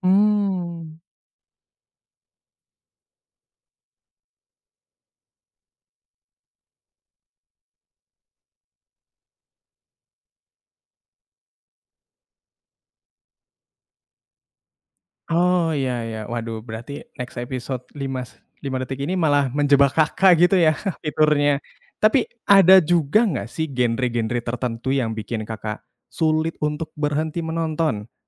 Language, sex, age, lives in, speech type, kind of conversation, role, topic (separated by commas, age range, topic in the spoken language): Indonesian, male, 20-24, Indonesia, conversation, podcast, host, Apa pendapatmu tentang kebiasaan menonton serial secara maraton dan dampaknya?